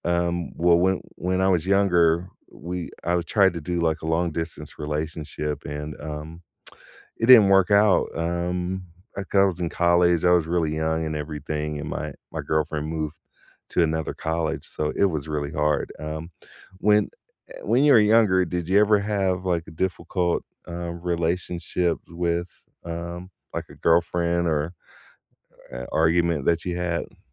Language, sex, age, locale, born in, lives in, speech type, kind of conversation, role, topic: English, male, 50-54, en-US, United States, United States, conversation, unstructured, none, What actions, words, or rituals help you reconnect after a disagreement, and why do they deepen your connection?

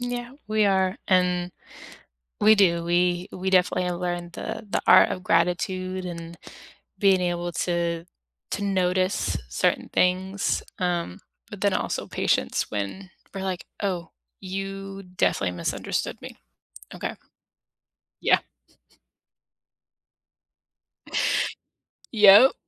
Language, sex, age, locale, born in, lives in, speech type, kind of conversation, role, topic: English, female, 30-34, en-US, United States, United States, conversation, unstructured, none, How do you celebrate big and small wins in your relationships?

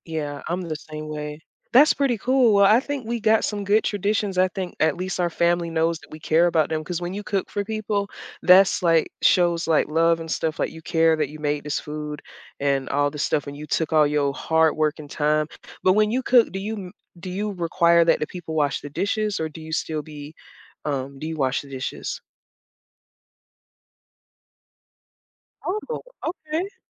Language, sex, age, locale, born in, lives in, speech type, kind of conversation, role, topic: English, female, 30-34, en-US, United States, United States, conversation, unstructured, none, What traditions have you created with roommates, friends, or family?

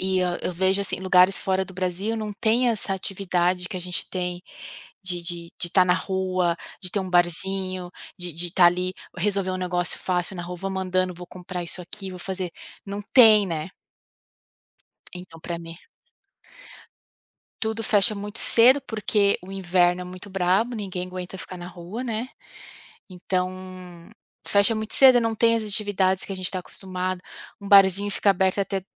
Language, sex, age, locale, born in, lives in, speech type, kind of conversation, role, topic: Portuguese, female, 35-39, pt-BR, Brazil, United States, conversation, podcast, guest, Que perspectiva você ganhou ao conhecer lugares diferentes?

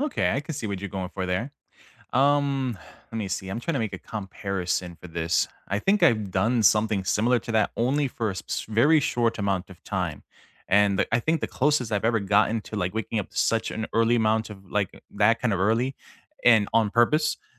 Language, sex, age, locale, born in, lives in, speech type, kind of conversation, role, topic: English, male, 35-39, en-US, United States, United States, conversation, unstructured, none, What morning routines help you set a positive tone for the day?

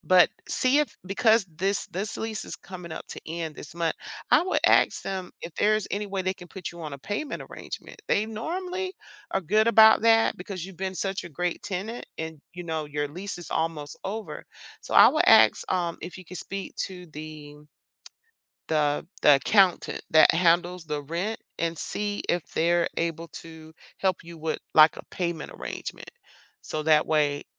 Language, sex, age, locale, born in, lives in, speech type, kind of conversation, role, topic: English, female, 50-54, en-US, United States, United States, conversation, advice, advisor, How can I break my lease early with minimal penalties?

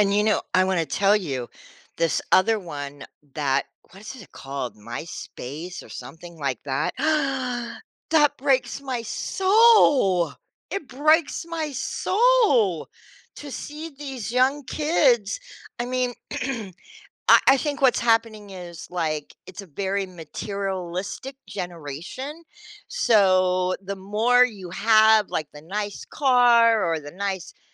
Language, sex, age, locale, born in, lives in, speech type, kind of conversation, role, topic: English, female, 60-64, en-US, United States, United States, conversation, podcast, guest, How does spending time on social media influence the way we view ourselves and others?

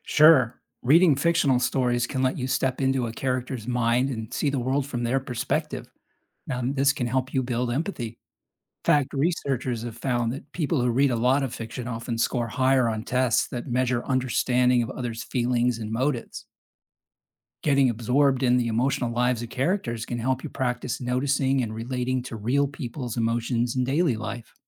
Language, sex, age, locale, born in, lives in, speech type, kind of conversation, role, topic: English, male, 55-59, en-US, United States, United States, read, expert-assistant, expert, Can reading fiction increase empathy and understanding?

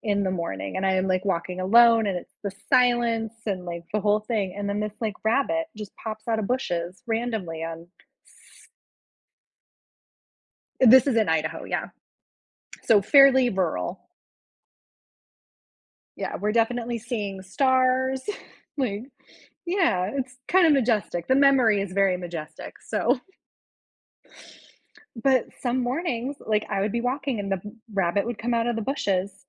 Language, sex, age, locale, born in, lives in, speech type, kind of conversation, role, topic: English, female, 35-39, en-US, United States, United States, conversation, unstructured, none, What do you think about people leaving their pets outside all day?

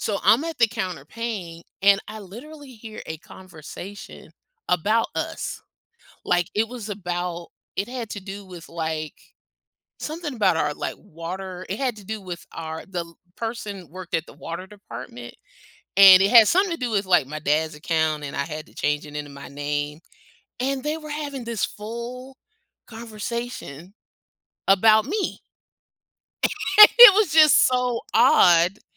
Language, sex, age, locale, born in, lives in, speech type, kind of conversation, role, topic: English, female, 50-54, en-US, United States, United States, conversation, podcast, guest, What helps people feel included and comfortable when joining a new community?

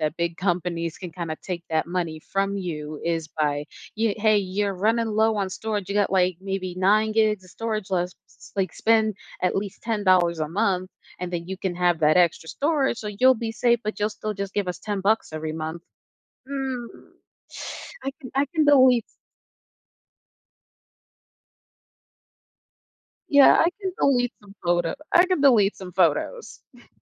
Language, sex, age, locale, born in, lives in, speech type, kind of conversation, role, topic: English, female, 25-29, en-US, United States, United States, conversation, advice, advisor, How can I manage bills and start building an emergency fund when my monthly income is unpredictable?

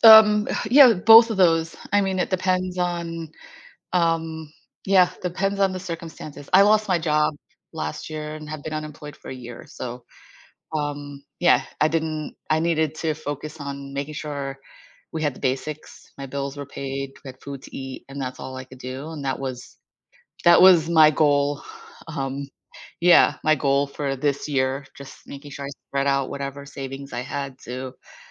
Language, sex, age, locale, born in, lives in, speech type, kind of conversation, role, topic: English, female, 50-54, en-US, United States, United States, conversation, podcast, guest, Which daily routines or practices have had the biggest impact on your personal growth?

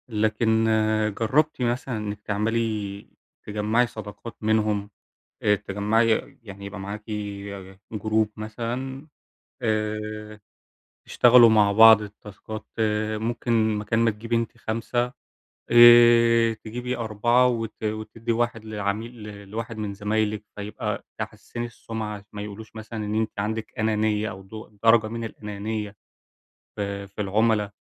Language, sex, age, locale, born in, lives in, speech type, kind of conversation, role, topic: Arabic, male, 20-24, ar-EG, Egypt, Germany, conversation, advice, advisor, إزاي أبني مصداقيتي وآخد تقدير مهني جوه الشركة بشكل واضح وفعّال؟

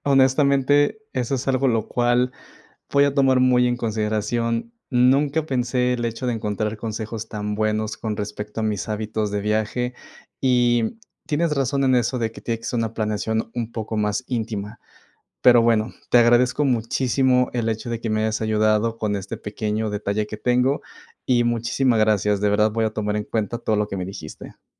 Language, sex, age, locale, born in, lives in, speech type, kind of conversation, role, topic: Spanish, male, 25-29, es-MX, Mexico, Mexico, conversation, advice, user, ¿Por qué me cuesta organizar mis viajes y vacaciones?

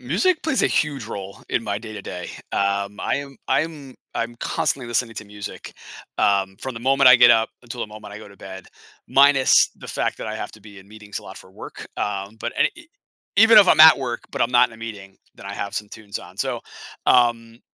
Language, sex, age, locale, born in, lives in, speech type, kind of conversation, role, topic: English, male, 40-44, en-US, United States, United States, conversation, podcast, guest, How does music shape your everyday experiences and routines?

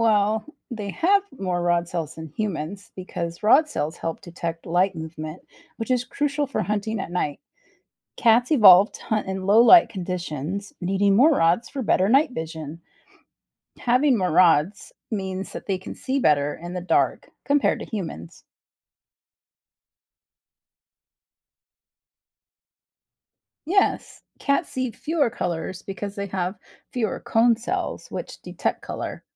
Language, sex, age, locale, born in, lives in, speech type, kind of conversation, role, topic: English, female, 45-49, en-US, United States, United States, read, expert-assistant, expert, How do cats see in the dark?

